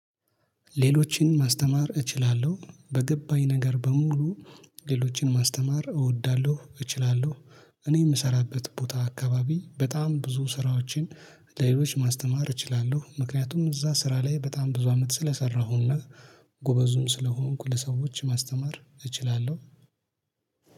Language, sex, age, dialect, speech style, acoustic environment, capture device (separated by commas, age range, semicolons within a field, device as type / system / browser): Amharic, male, 25-34, Ethiopia - Addis Ababa, happy; focused, appliances, mobile / Linux / Chrome